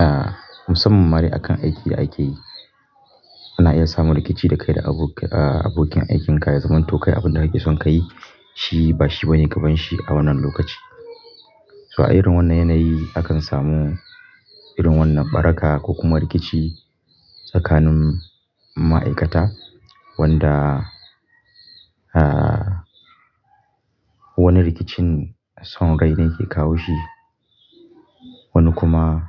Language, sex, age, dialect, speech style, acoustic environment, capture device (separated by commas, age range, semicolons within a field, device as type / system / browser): Hausa, male, 25-34, Nigeria - Kano, relaxed; focused, people talking; nature, mobile / Linux / Chrome